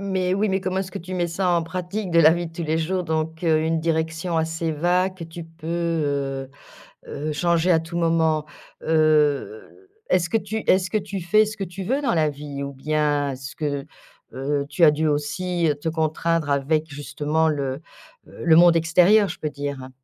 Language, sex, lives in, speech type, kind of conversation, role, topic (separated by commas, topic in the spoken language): French, female, France, conversation, podcast, host, Qu’est-ce qui fait, pour toi, un bon mentor ?